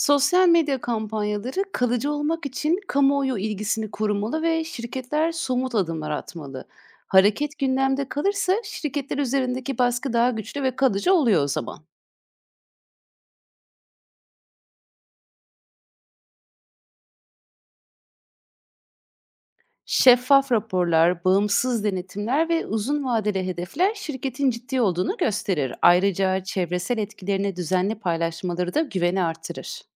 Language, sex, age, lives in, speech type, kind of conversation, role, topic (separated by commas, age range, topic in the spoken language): Turkish, female, 30-34, Netherlands, read, expert-assistant, expert, Küresel ısınma konusunda bireysel mi, toplumsal mı önlemler daha öncelikli olmalı?